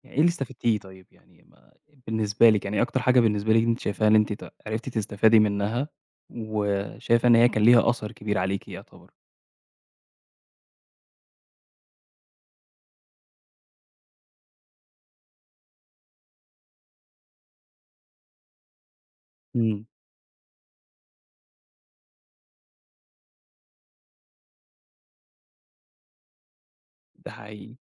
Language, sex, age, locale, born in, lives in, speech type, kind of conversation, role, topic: Arabic, male, 25-29, ar-EG, Egypt, Egypt, conversation, podcast, host, لو قدامك طريق مضمون وطريق فيه مغامرة، هتختار أنهي واحد؟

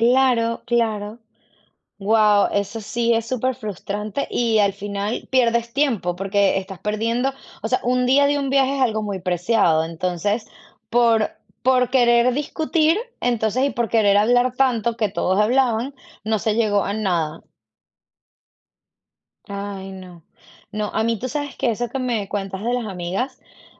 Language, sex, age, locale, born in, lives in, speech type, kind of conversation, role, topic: Spanish, female, 35-39, es-VE, Venezuela, United States, conversation, unstructured, none, ¿Te parece importante escuchar más que hablar durante un conflicto?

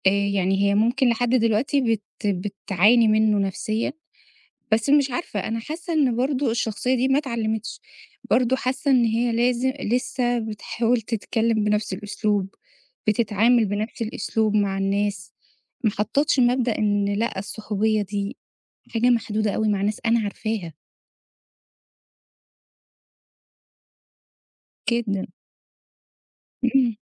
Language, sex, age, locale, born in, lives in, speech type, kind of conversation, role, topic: Arabic, female, 30-34, ar-EG, Egypt, Egypt, conversation, podcast, guest, إيه هي التقاليد اللي اتربّيت عليها، وإزاي لسه مأثرة فيك لحد دلوقتي؟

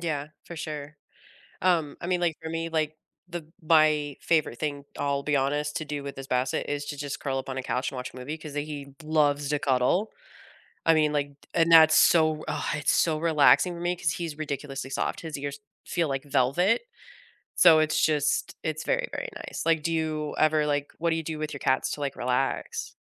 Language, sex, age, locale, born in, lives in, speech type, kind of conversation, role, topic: English, female, 35-39, en-US, United States, United States, conversation, unstructured, none, What is your favorite way to spend time with your pet?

